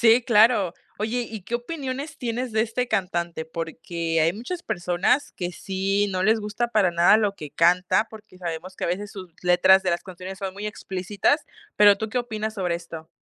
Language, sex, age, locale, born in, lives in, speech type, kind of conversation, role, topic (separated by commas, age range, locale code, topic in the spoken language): Spanish, female, 20-24, es-MX, Mexico, Mexico, conversation, podcast, host, ¿Cambiaste tus playlists por amor o por amigos?